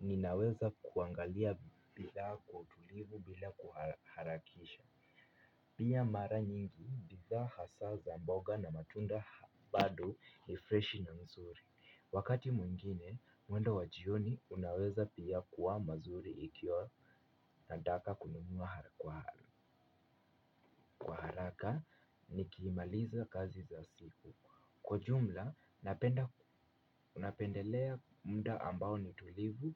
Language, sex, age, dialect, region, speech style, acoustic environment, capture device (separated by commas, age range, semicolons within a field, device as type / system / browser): Swahili, female, 25-34, Kenya - Nairobi Sheng-influenced, Kenya, happy; relaxed, nature; silence, mobile / Linux / Chrome